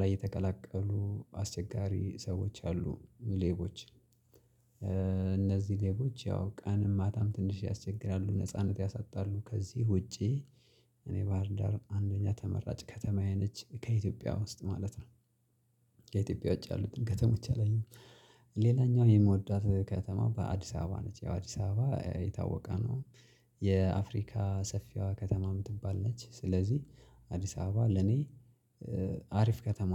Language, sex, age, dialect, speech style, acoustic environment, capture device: Amharic, male, 25-34, Ethiopia - Gojjam, relaxed, silence, mobile / Linux / Chrome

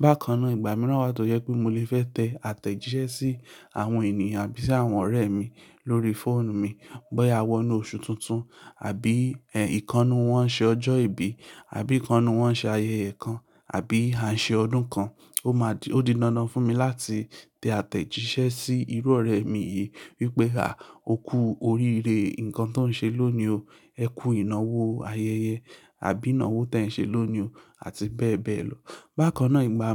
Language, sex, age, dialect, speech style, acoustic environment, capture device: Yoruba, male, 25-34, Nigeria - Lagos Yoruba, anxious, silence, mobile / Linux / Chrome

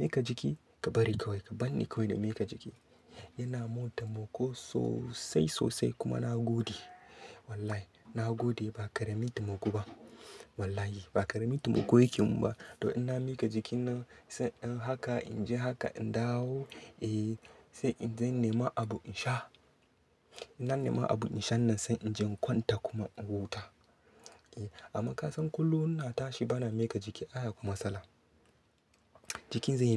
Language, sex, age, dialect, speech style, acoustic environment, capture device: Hausa, male, 25-34, Nigeria - Katsina, happy; focused, nature, desktop / Linux / Chrome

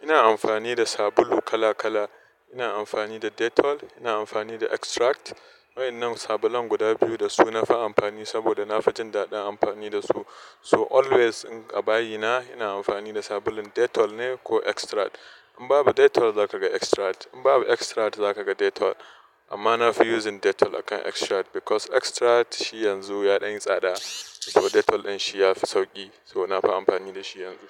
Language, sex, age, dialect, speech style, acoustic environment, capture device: Hausa, male, 25-34, Nigeria - Nigerian Standard, happy; relaxed, construction, desktop / Linux / Chrome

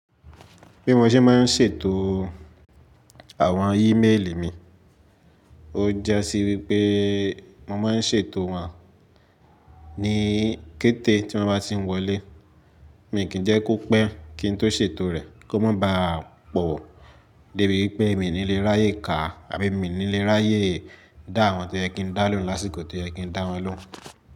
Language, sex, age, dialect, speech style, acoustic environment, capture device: Yoruba, male, 18-24, Nigeria - Lagos Yoruba, excited; focused; happy, nature, mobile / Linux / Chrome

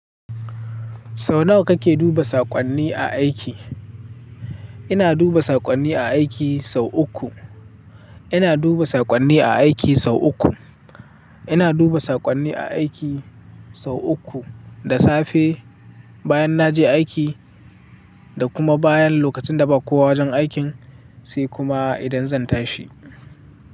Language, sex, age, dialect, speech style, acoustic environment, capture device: Hausa, male, 18-24, Nigeria - Katsina, happy, silence; people talking, mobile / Linux / Chrome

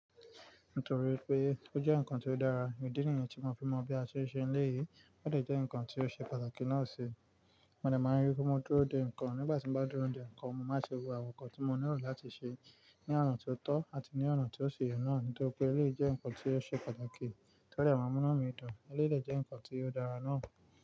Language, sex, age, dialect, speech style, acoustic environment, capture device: Yoruba, male, 25-34, Nigeria - Nigerian Standard, relaxed, nature, mobile / Linux / Chrome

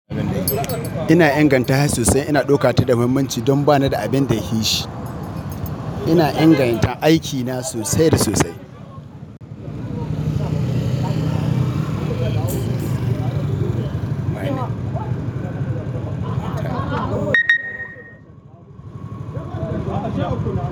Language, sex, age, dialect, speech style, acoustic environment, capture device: Hausa, male, 25-34, Nigeria - Katsina, nervous, appliances, mobile / Linux / Chrome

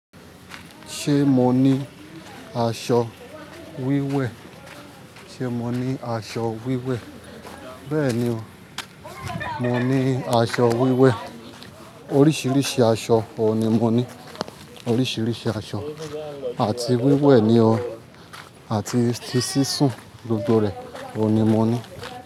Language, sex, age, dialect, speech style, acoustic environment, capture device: Yoruba, male, 25-34, Nigeria - Ibadan, focused, nature; people talking, mobile / Linux / Chrome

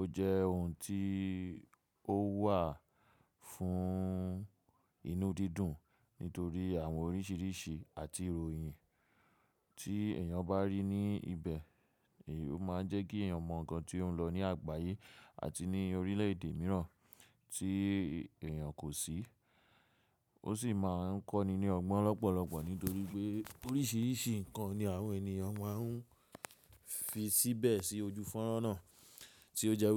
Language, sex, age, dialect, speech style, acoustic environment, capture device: Yoruba, male, 25-34, Nigeria - Lagos Yoruba, relaxed, people talking, mobile / macOS / Safari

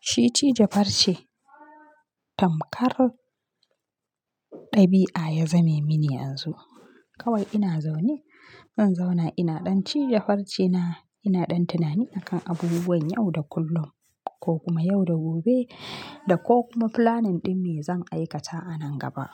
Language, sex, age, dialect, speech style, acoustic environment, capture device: Hausa, female, 25-34, Nigeria - Kano, relaxed, nature; silence, mobile / Linux / Chrome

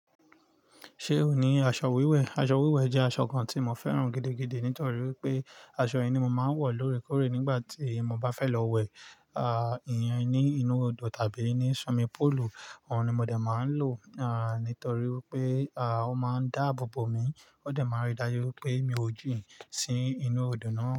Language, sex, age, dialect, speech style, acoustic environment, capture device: Yoruba, male, 35-44, Nigeria - Nigerian Standard, relaxed, nature, mobile / Linux / Chrome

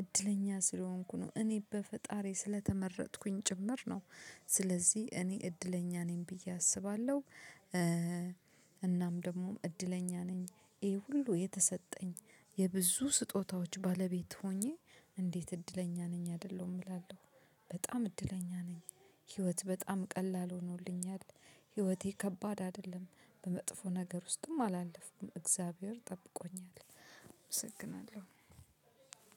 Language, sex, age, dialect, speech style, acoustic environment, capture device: Amharic, female, 35-44, Ethiopia - Addis Ababa, happy, nature, mobile / Linux / Chrome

